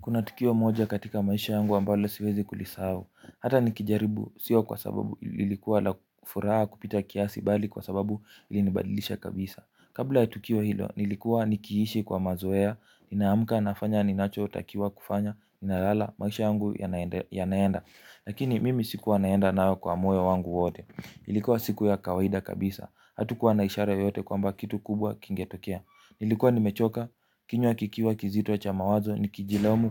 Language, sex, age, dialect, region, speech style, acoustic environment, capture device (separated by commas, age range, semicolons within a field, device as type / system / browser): Swahili, male, 18-24, Kenya - Mombasa, Kenya, focused, nature, mobile / Linux / Chrome